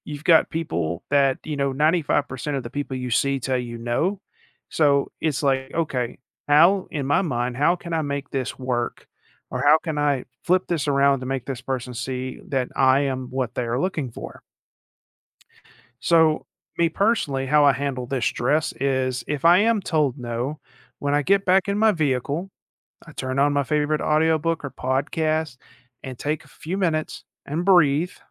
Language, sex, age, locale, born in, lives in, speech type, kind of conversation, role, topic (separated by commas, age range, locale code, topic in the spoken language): English, male, 40-44, en-US, United States, United States, conversation, podcast, guest, What strategies help you stay resilient and balanced during challenging times at work?